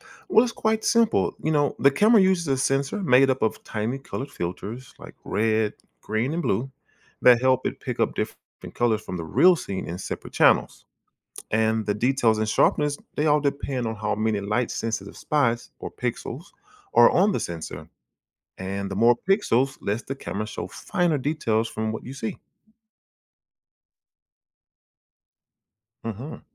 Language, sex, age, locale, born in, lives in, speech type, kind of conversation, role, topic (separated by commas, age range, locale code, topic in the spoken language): English, male, 40-44, en-US, United States, United States, read, expert-assistant, expert, How does a camera capture a photograph?